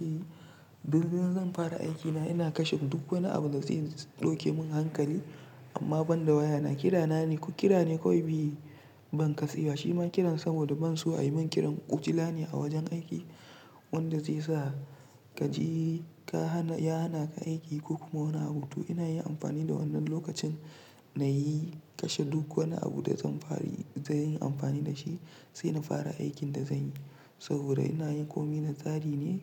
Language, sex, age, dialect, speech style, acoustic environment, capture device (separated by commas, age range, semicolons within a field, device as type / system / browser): Hausa, male, 25-34, Nigeria - Nigerian Standard, relaxed; tired; focused; stressed, silence; nature, mobile / Linux / Chrome